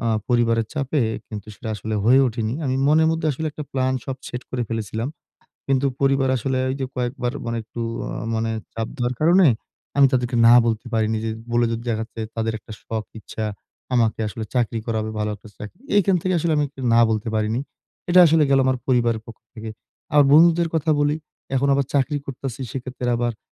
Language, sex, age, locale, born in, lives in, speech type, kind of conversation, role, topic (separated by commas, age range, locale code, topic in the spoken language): Bengali, male, 20-24, bn-BD, Bangladesh, Bangladesh, conversation, advice, user, নিজের সত্যিকারের অনুভূতি ও মতামত কীভাবে আরও ভালোভাবে প্রকাশ করতে পারি?